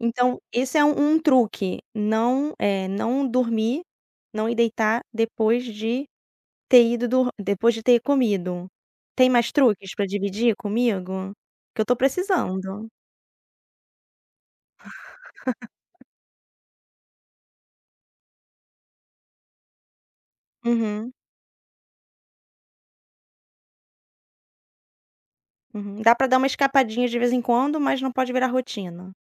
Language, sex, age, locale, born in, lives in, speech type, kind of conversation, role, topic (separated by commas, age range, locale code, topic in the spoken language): Portuguese, female, 35-39, pt-BR, Brazil, France, conversation, podcast, host, Que estratégias você usa para ser mais produtivo sem trabalhar mais horas?